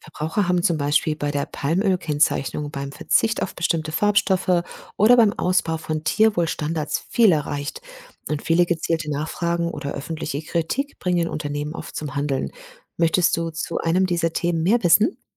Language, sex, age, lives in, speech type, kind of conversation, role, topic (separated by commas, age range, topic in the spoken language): German, female, 50-54, Germany, read, expert-assistant, expert, Wie kann ich herausfinden, ob neue Trends in der Lebensmittelproduktion wirklich zuverlässig und sinnvoll sind?